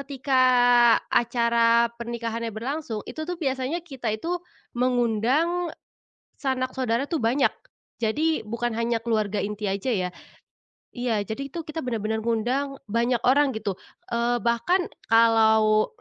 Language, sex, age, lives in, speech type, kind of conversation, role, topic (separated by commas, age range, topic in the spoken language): Indonesian, female, 25-29, Indonesia, conversation, podcast, guest, Apa kenangan budaya keluarga yang bikin kamu bangga?